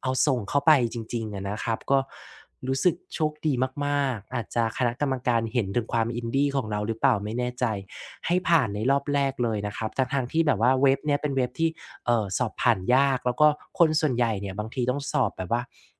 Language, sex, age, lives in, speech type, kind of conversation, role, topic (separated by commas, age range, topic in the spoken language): Thai, male, 35-39, Thailand, conversation, podcast, guest, คุณเคยเปลี่ยนสายงานเพราะได้เรียนรู้สิ่งใหม่หรือไม่ และอะไรเป็นเหตุผลหลักที่ทำให้ตัดสินใจเปลี่ยน?